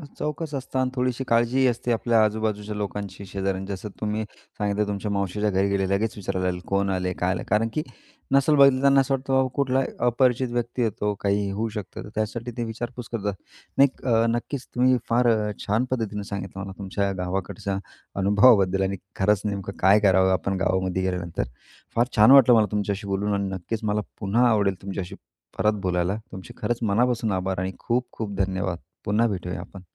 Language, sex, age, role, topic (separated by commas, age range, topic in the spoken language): Marathi, male, 35-39, host, अज्ञात गावात तुम्ही सर्वात आधी काय कराल?